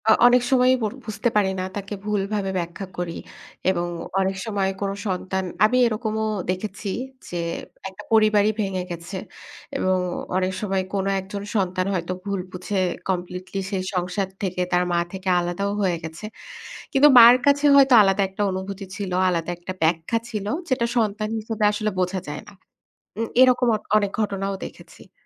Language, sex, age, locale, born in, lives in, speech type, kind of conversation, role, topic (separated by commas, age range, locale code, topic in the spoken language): Bengali, female, 30-34, bn-BD, Bangladesh, Bangladesh, conversation, podcast, guest, তোমার ভাষায় কোন শব্দটি অনুবাদ করা সবচেয়ে কঠিন বলে তোমার মনে হয়?